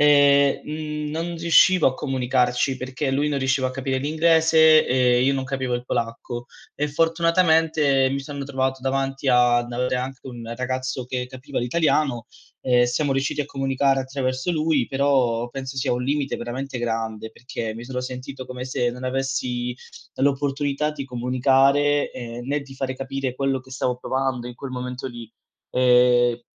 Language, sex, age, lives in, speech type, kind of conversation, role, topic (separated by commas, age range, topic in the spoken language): Italian, male, 25-29, Italy, conversation, advice, user, Quali difficoltà con la lingua locale ostacolano la tua vita quotidiana?